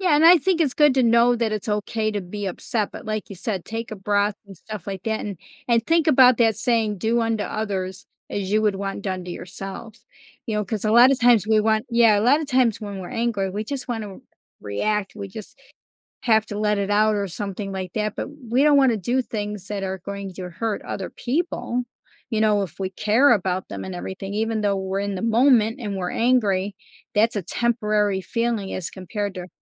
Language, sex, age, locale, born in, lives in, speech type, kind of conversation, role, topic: English, female, 55-59, en-US, United States, United States, conversation, podcast, guest, How can families build stronger relationships through better communication?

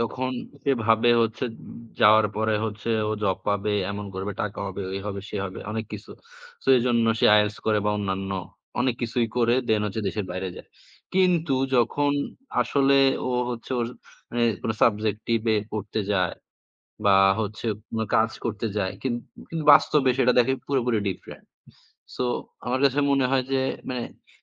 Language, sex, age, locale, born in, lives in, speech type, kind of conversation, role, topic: Bengali, male, 20-24, bn-BD, Bangladesh, Bangladesh, conversation, unstructured, none, তোমার স্বপ্ন পূরণের পথে সবচেয়ে বড় বাধা কী হতে পারে?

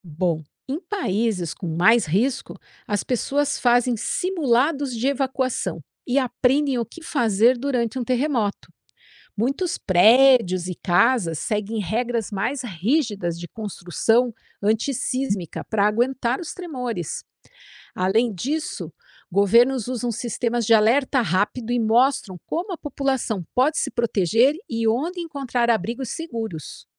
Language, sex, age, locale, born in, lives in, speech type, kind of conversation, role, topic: Portuguese, female, 50-54, pt-BR, Brazil, United States, read, expert-assistant, expert, Por que os terremotos acontecem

